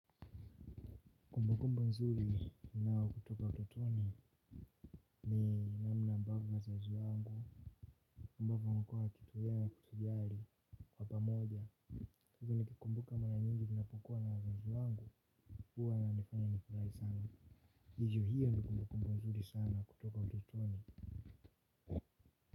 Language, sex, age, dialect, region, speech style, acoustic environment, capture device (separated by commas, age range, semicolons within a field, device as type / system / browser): Swahili, male, 18-24, Tanzania - Dar es Salaam, Tanzania, happy; relaxed, people talking; silence, mobile / Linux / Chrome